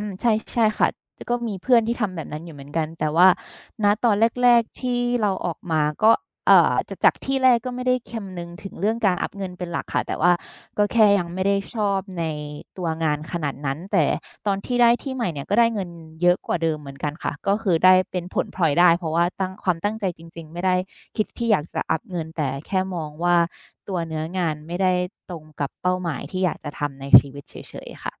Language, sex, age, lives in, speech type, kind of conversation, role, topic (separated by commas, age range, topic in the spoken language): Thai, female, 30-34, Thailand, conversation, podcast, guest, คุณจะเลือกงานแบบไหนเมื่อจำเป็นต้องเลือกระหว่างเงินกับความสุข?